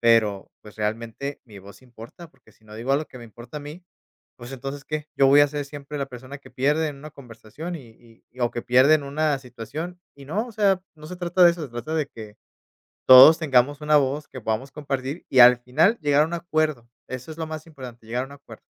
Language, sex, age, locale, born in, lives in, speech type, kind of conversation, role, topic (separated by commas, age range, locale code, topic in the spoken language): Spanish, male, 30-34, es-MX, Mexico, Mexico, conversation, podcast, guest, ¿Cómo puedes expresar tus necesidades sin pelear?